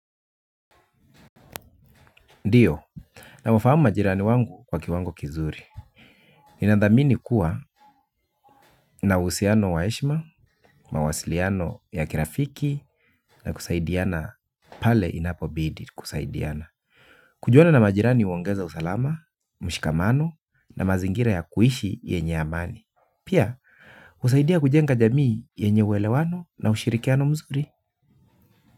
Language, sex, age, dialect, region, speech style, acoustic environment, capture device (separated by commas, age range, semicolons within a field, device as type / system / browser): Swahili, male, 35-44, Kenya - Nairobi Sheng-influenced, Kenya, focused, nature, mobile / Linux / Chrome